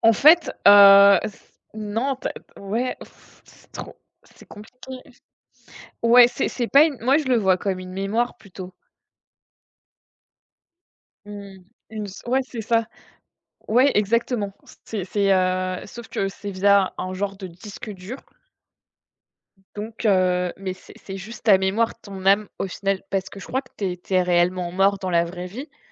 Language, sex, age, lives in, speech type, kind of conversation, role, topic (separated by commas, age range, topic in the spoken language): French, female, 25-29, France, conversation, unstructured, none, En quoi les films dystopiques reflètent-ils nos peurs sociopolitiques contemporaines ?